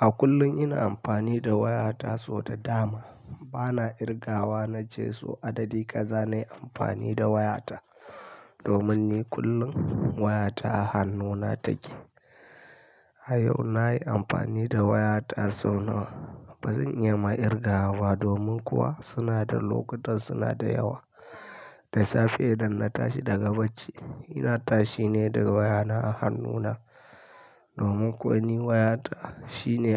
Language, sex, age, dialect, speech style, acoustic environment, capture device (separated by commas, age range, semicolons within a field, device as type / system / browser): Hausa, male, 25-34, Nigeria - Kano, happy; tired; anxious; relaxed; stressed; focused; excited; nervous, people talking; music; nature; silence; traffic; construction; appliances, mobile / Linux / Chrome